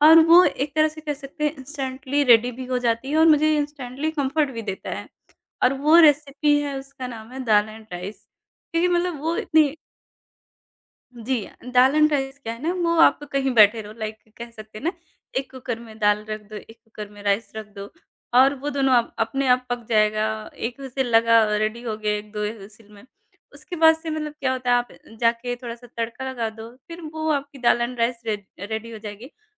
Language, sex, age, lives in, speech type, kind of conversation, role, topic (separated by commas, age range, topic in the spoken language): Hindi, male, 30-34, India, conversation, podcast, guest, Tumhare liye kaunsa khaana turant comfort deta hai?